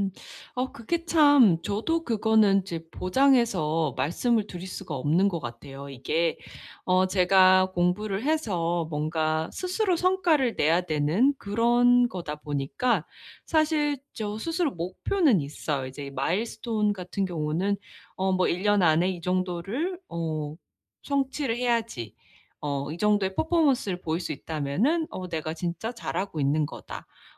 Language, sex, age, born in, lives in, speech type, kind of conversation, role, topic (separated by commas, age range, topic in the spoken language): Korean, female, 30-34, South Korea, United States, conversation, advice, user, 단기 이익과 장기 이익 중 어떤 것을 선택해야 할까요?